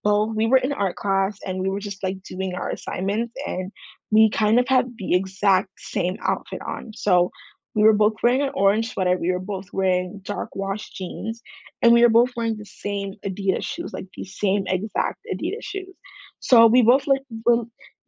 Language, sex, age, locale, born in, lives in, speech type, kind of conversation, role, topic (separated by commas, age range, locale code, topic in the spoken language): English, female, 18-19, en-US, United States, United States, conversation, unstructured, none, How did you meet your closest friend or partner?